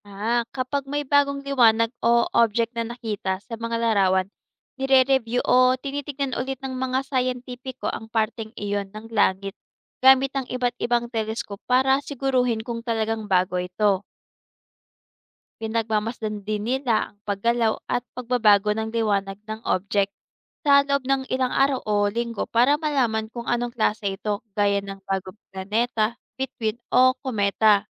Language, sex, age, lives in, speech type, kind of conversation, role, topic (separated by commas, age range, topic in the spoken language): Filipino, female, 25-29, Philippines, read, expert-assistant, expert, Paano gumagana ang mga telescope sa pagtingin sa kalawakan?